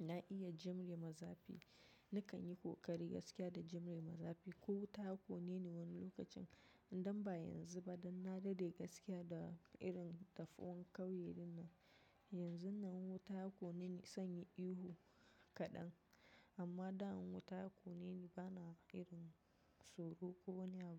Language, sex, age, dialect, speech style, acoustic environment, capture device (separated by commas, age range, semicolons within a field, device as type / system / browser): Hausa, female, 25-34, Nigeria - Nigerian Standard, relaxed; focused, nature, mobile / macOS / Safari